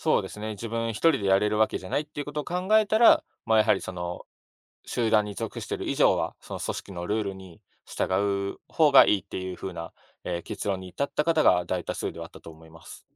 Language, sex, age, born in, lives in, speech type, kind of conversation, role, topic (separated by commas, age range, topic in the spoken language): Japanese, male, 25-29, Japan, Japan, conversation, podcast, guest, 周りの意見と自分の感覚の折り合いをどうつければいいですか？